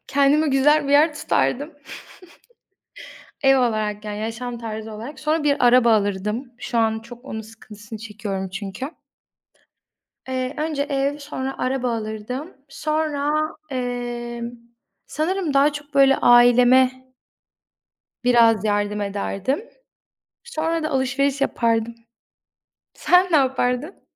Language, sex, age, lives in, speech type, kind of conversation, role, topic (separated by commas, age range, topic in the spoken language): Turkish, female, 20-24, Poland, conversation, unstructured, none, Para ile mutluluk arasında nasıl bir ilişki var?